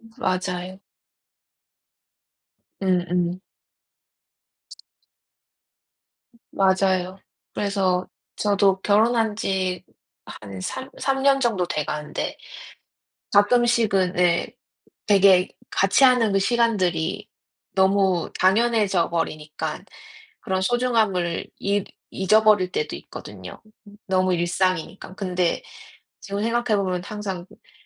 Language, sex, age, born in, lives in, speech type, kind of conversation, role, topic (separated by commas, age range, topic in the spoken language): Korean, female, 30-34, South Korea, United States, conversation, unstructured, none, 함께 있을 때 더 행복해지는 순간은 언제인가요?